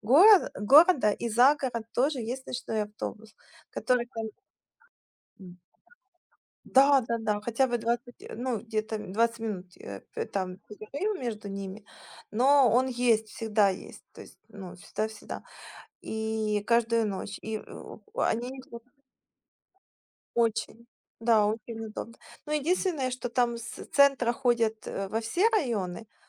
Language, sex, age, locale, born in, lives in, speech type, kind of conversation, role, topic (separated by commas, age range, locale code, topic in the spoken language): Russian, female, 35-39, ru-UA, Ukraine, Spain, conversation, unstructured, none, Как вы относитесь к использованию автомобиля по сравнению с общественным транспортом?